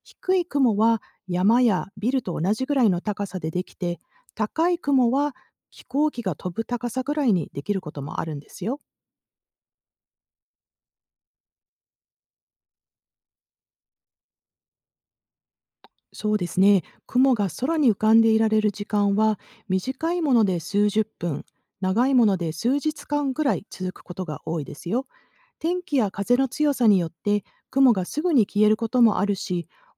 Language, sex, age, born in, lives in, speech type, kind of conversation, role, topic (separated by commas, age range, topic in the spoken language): Japanese, female, 50-54, Japan, United States, read, expert-assistant, expert, どうして雲は空に浮かんでいられるのでしょうか？